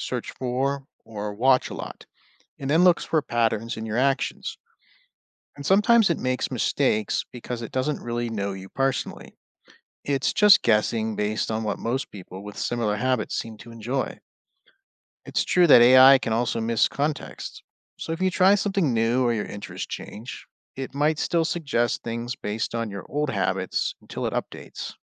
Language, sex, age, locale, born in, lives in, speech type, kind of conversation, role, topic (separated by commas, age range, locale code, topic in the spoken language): English, male, 35-39, en-US, United States, United States, read, expert-assistant, expert, What is artificial intelligence and how is it used?